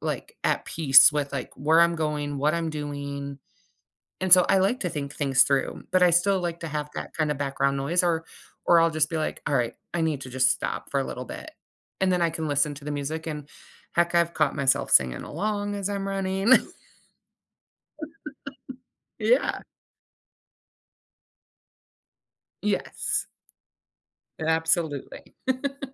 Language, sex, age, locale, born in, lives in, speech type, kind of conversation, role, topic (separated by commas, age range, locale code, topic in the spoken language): English, female, 35-39, en-US, United States, United States, conversation, podcast, guest, What are effective ways to maintain healthy routines when life gets hectic?